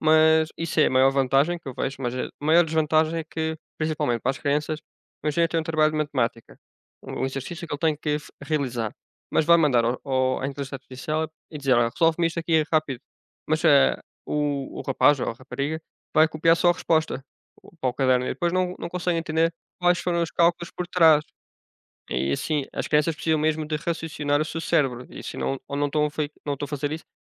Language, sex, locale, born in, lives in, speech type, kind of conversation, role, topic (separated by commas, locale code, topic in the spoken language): Portuguese, male, pt-PT, Portugal, Portugal, conversation, unstructured, none, Você já ficou impressionado com alguma inovação recente?